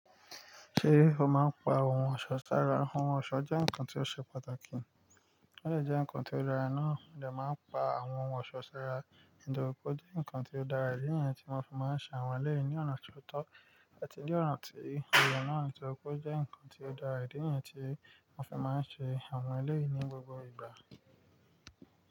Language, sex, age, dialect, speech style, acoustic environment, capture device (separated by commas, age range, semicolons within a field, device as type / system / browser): Yoruba, male, 25-34, Nigeria - Nigerian Standard, excited, nature, mobile / Linux / Chrome